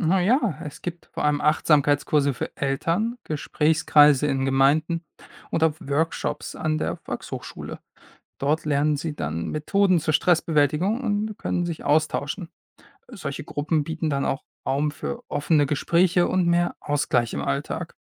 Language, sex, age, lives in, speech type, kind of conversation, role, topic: German, male, 25-29, Germany, read, expert-assistant, expert, Welche Strategien helfen, Familie, Beruf und religiöse Überzeugungen sinnvoll miteinander zu vereinbaren?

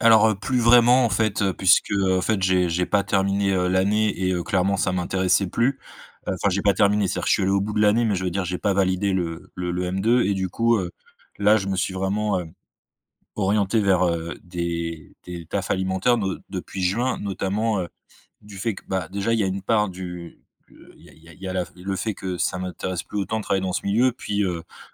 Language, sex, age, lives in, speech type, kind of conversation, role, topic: French, male, 35-39, France, conversation, advice, user, Comment puis-je ajuster mon budget après une baisse significative de mes revenus ?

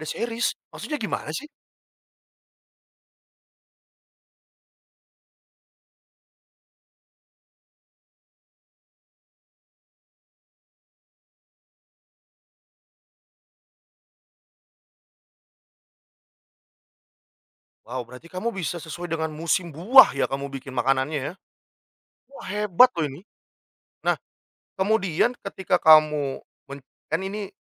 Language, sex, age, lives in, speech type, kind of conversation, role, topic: Indonesian, male, 30-34, Indonesia, conversation, podcast, host, Apa yang paling kamu nikmati dari memasak kreatif?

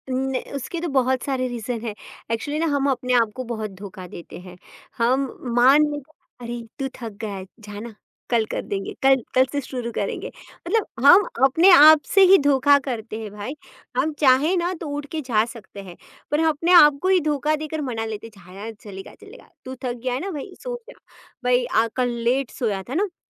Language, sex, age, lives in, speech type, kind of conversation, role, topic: Hindi, female, 40-44, India, conversation, podcast, guest, Tum apni daily habits ko long-term impact ke saath kaise align karte ho?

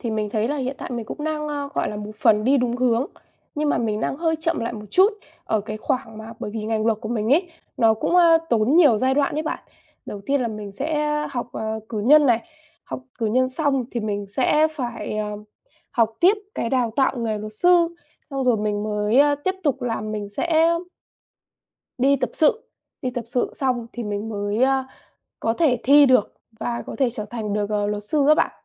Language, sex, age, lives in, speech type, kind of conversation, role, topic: Vietnamese, female, 20-24, Vietnam, conversation, advice, user, Làm sao để lập lộ trình phát triển nghề nghiệp hiệu quả?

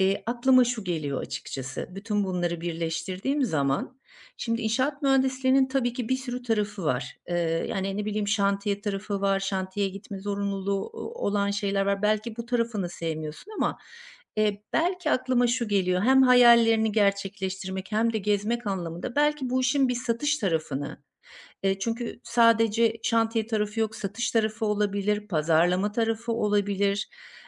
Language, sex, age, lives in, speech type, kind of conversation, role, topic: Turkish, female, 50-54, Portugal, conversation, advice, advisor, İşim benim değerimi belirler mi, ben kimim gerçekten?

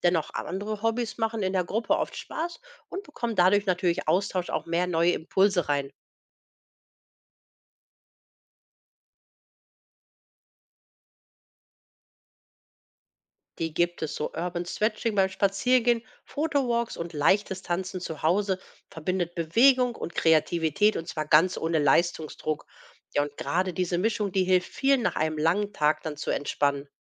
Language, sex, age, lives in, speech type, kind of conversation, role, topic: German, female, 45-49, Germany, read, expert-assistant, expert, Lohnt es sich, Zeit und Geld in ein kreatives Hobby wie Malen oder Töpfern zu investieren?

